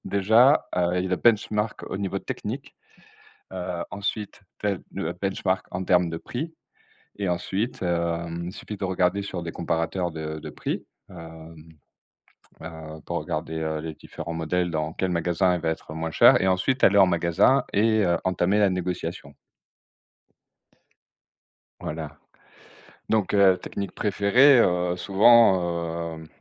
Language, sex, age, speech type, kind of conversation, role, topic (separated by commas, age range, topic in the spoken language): French, male, 40-44, conversation, unstructured, none, Quelle est votre stratégie pour négocier un meilleur prix ?